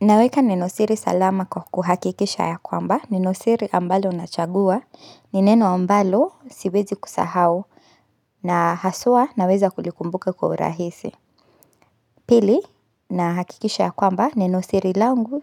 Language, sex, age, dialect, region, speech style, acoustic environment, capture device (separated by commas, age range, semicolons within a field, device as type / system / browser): Swahili, female, 25-34, Kenya - Nairobi Sheng-influenced, Kenya, relaxed; focused, nature; silence, mobile / Linux / Chrome